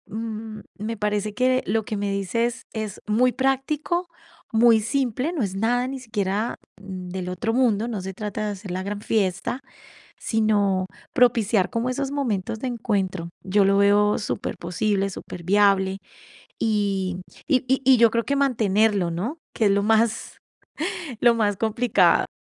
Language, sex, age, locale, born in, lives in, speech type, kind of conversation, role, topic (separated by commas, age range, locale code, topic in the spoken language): Spanish, female, 45-49, es-CO, Colombia, Italy, conversation, advice, user, ¿Cómo puedo construir relaciones más profundas y duraderas?